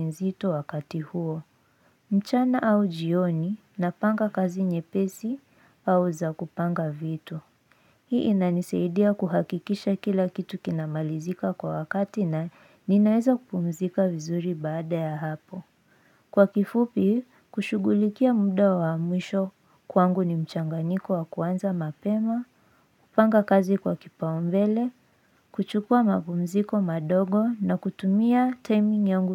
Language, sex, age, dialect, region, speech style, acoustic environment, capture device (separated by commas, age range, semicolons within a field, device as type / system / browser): Swahili, female, 18-24, Kenya - Nairobi Sheng-influenced, Kenya, anxious, silence, mobile / Linux / Chrome